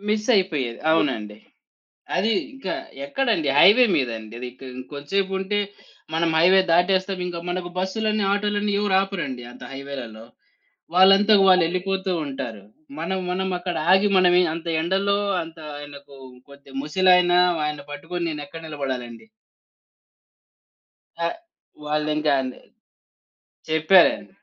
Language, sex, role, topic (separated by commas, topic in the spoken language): Telugu, male, guest, సమస్య వచ్చినప్పుడు దాన్ని శాంతంగా ఎలా చెప్పగలము?